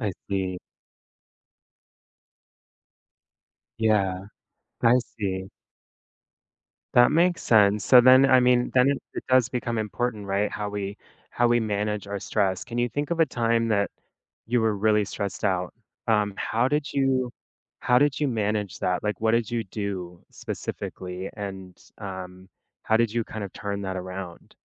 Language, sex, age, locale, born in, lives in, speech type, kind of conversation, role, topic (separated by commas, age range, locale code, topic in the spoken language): English, male, 30-34, en-US, United States, United States, conversation, podcast, host, What habits or routines help you handle everyday stress?